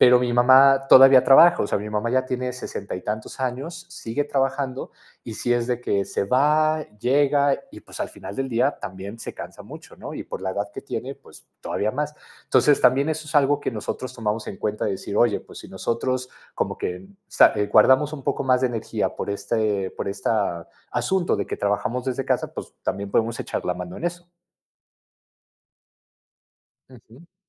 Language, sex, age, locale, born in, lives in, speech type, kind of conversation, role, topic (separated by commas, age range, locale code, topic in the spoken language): Spanish, male, 30-34, es-MX, Mexico, Mexico, conversation, podcast, guest, ¿Cómo organizas las tareas domésticas en familia?